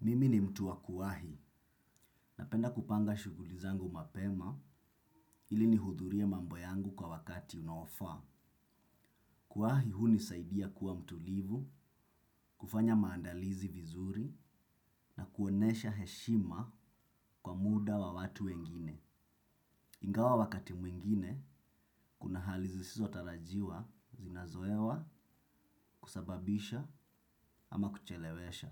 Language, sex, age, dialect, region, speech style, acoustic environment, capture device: Swahili, male, 25-34, Kenya - Mombasa, Kenya, relaxed; focused, silence; nature, desktop / Windows / Chrome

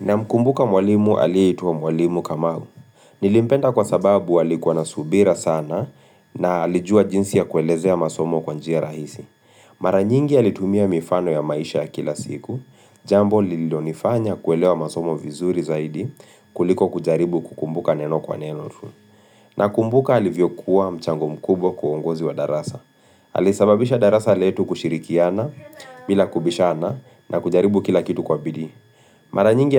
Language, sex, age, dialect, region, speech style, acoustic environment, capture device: Swahili, male, 25-34, Kenya - Nairobi Sheng-influenced, Kenya, relaxed, music; people talking, mobile / Linux / Chrome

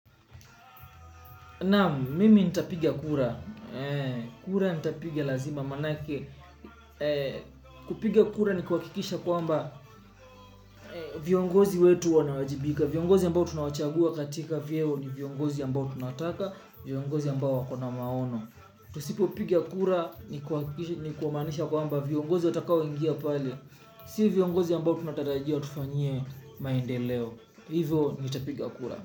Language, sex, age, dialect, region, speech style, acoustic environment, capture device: Swahili, male, 25-34, Kenya - Mombasa, Kenya, relaxed, music; nature, mobile / Linux / Chrome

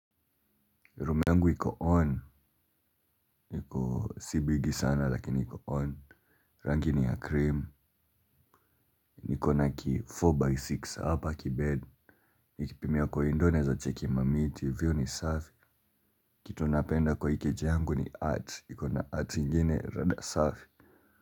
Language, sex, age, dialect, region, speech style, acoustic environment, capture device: Swahili, male, 18-24, Kenya - Nairobi Sheng-influenced, Kenya, relaxed, music; appliances; people talking, mobile / Linux / Chrome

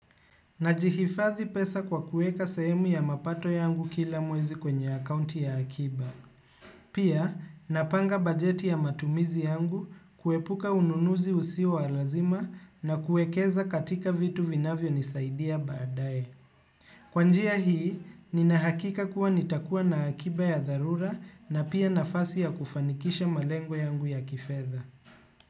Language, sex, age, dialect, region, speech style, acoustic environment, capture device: Swahili, male, 25-34, Kenya - Nairobi Sheng-influenced, Kenya, relaxed, construction; appliances, desktop / Windows / Chrome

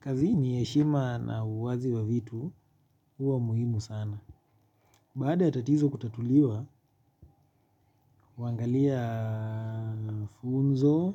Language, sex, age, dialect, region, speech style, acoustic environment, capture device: Swahili, male, 25-34, Kenya - Nairobi Sheng-influenced, Kenya, relaxed, nature, mobile / Linux / Chrome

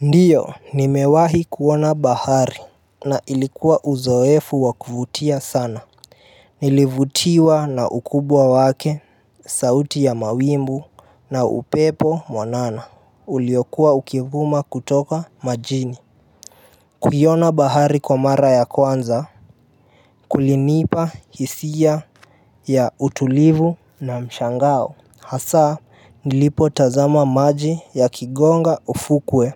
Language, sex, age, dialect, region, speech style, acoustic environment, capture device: Swahili, male, 18-24, Kenya - Nairobi Sheng-influenced, Kenya, happy, appliances; nature; music; silence, mobile / Linux / Chrome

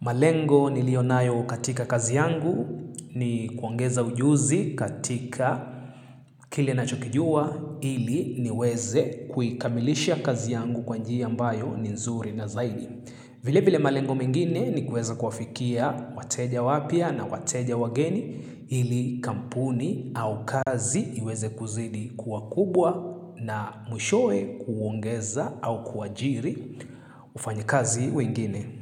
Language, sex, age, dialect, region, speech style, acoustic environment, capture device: Swahili, male, 25-34, Kenya - Nairobi Sheng-influenced, Kenya, happy; excited; focused; relaxed, silence, mobile / Linux / Chrome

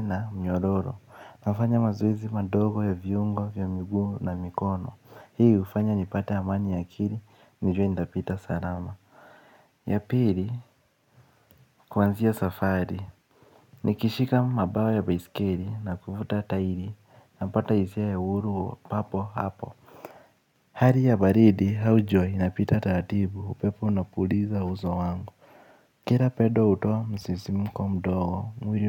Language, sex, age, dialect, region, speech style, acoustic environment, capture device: Swahili, male, 18-24, Kenya - Nairobi Sheng-influenced, Kenya, excited; focused, nature, mobile / Linux / Chrome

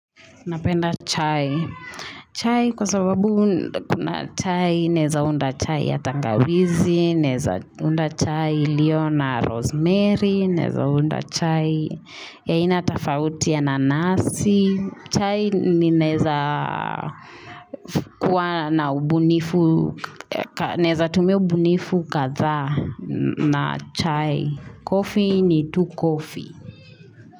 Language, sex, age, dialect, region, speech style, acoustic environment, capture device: Swahili, female, 25-34, Kenya - Nairobi Sheng-influenced, Kenya, relaxed, nature, mobile / Linux / Chrome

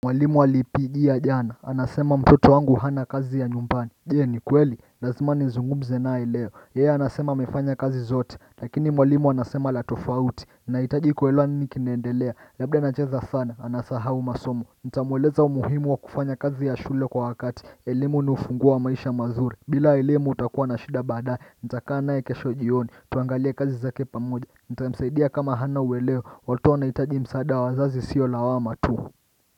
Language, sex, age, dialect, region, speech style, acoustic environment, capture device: Swahili, male, 25-34, Kenya - Mombasa, Kenya, happy; excited; focused, nature, desktop / Windows / Chrome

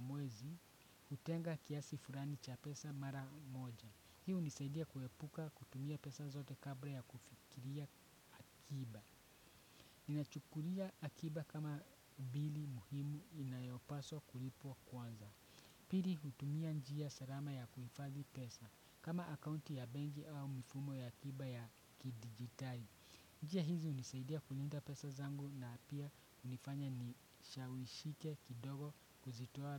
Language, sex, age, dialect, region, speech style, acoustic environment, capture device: Swahili, male, 25-34, Kenya - Nairobi Sheng-influenced, Kenya, excited, nature, desktop / Windows / Chrome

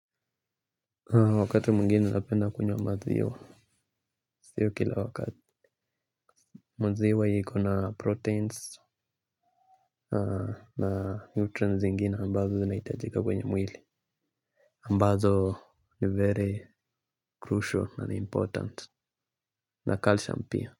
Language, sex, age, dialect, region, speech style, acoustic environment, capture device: Swahili, male, 25-34, Kenya - Nairobi Sheng-influenced, Kenya, happy, silence, mobile / Linux / Chrome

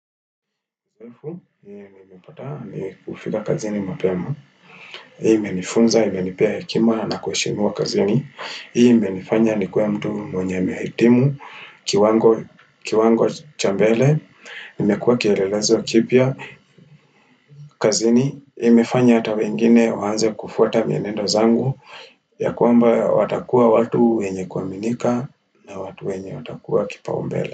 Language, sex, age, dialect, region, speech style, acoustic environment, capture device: Swahili, male, 25-34, Kenya - Nairobi Sheng-influenced, Kenya, relaxed, silence, desktop / Windows / Firefox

